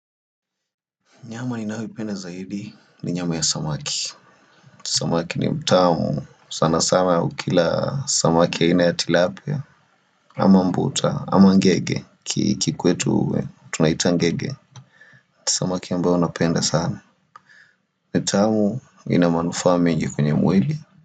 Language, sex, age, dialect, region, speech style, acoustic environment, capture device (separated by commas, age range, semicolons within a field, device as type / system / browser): Swahili, male, 25-34, Kenya - Nairobi Sheng-influenced, Kenya, relaxed; happy, nature; silence, desktop / Windows / Firefox